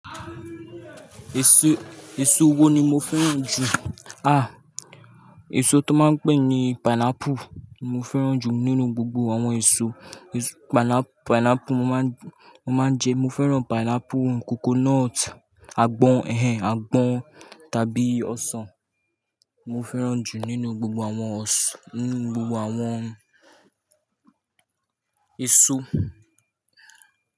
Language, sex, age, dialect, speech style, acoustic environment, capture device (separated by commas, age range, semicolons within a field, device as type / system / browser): Yoruba, male, 18-24, Nigeria - Lagos Yoruba, relaxed, nature; appliances; people talking, mobile / Linux / Chrome